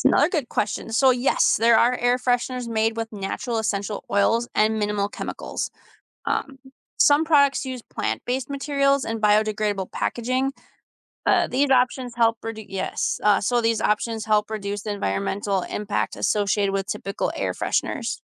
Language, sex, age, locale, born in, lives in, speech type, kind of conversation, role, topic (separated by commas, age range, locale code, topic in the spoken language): English, female, 35-39, en-US, United States, United States, read, expert-assistant, expert, How do air fresheners spread scent?